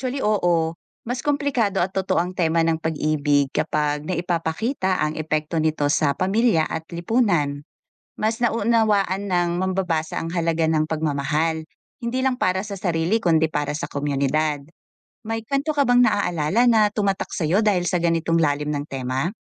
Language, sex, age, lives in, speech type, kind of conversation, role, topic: Filipino, female, 40-44, Philippines, read, expert-assistant, expert, Anong mga pagkakaiba at pagkakatulad ang mapapansin natin kung ikukumpara ang mga love story sa panitikan ng Pilipinas at ibang bansa?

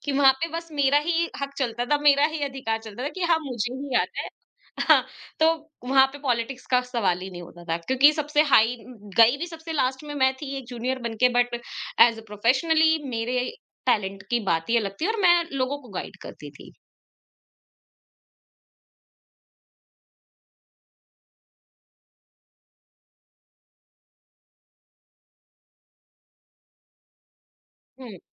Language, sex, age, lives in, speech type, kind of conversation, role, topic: Hindi, female, 25-29, India, conversation, podcast, guest, Aapke saath aisa kab hua hai jab kisi galti ki wajah se aapko ek naya mauka mila?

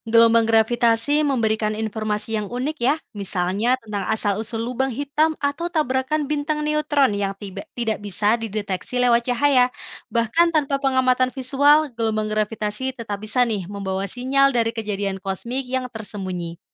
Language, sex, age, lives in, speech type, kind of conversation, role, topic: Indonesian, female, 25-29, Indonesia, read, expert-assistant, expert, Kalau bicara tentang masa depan alam semesta, lebih masuk akal yang mana: alam semesta akan terus mengembang selamanya atau suatu saat akan berhenti dan menyusut?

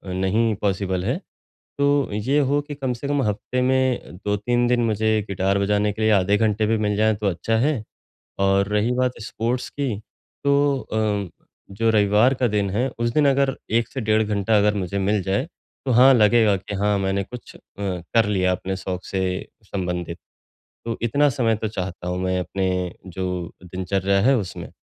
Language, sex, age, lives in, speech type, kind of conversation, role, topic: Hindi, male, 35-39, India, conversation, advice, user, समय की कमी के बावजूद मैं अपने शौक के लिए प्राथमिकताएँ तय करके समय कैसे निकालूँ?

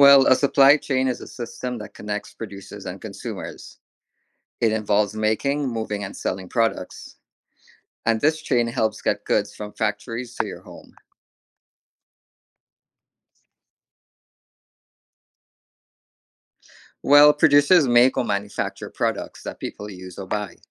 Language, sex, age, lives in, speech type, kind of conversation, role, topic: English, male, 40-44, United States, read, expert-assistant, expert, What is the importance of supply chains in global trade?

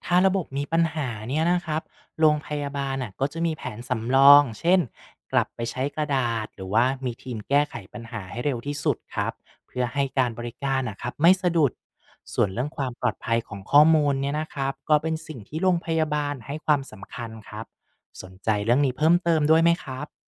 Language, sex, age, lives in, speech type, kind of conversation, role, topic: Thai, male, 35-39, Thailand, read, expert-assistant, expert, เขาว่ากันว่าระบบเอกสารในโรงพยาบาลเป็นต้นเหตุให้ผู้ป่วยต้องรอนาน เรื่องนี้จริงหรือเปล่า?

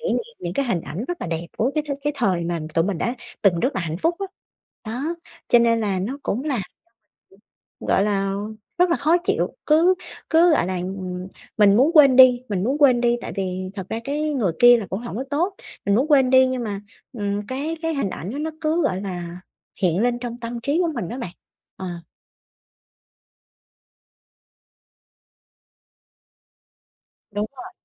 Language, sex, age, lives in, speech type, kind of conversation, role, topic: Vietnamese, female, 30-34, Vietnam, conversation, advice, user, Bạn bị mất ngủ sau chia tay như thế nào và tình trạng này đã kéo dài bao lâu?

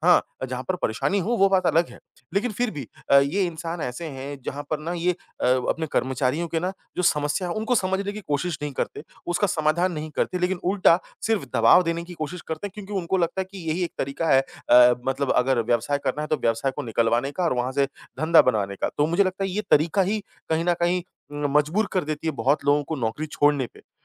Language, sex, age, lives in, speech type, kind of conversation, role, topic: Hindi, male, 30-34, India, conversation, advice, user, माइक्रोमैनेजमेंट करने वाले बॉस के साथ प्रभावी ढंग से काम करने की रणनीति कैसे बनाऊँ?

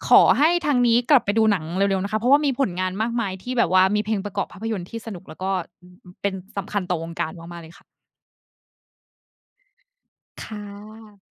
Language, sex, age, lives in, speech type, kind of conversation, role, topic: Thai, female, 30-34, Thailand, conversation, unstructured, none, คุณคิดว่าเพลงประกอบภาพยนตร์มีความสำคัญอย่างไร?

